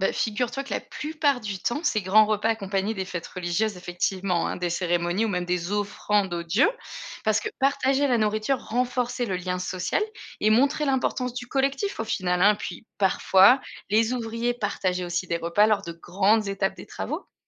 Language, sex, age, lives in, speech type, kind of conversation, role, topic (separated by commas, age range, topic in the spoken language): French, female, 35-39, Germany, read, expert-assistant, expert, Comment vivait-on et mangeait-on dans l’Égypte ancienne ?